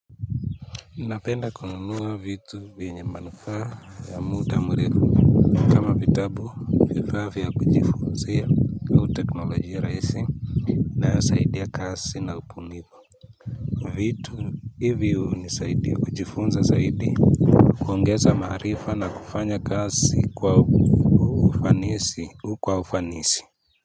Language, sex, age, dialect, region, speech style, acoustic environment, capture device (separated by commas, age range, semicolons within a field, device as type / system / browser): Swahili, male, 25-34, Kenya - Nairobi Sheng-influenced, Kenya, happy; relaxed; focused, people talking; nature; appliances, mobile / Linux / Chrome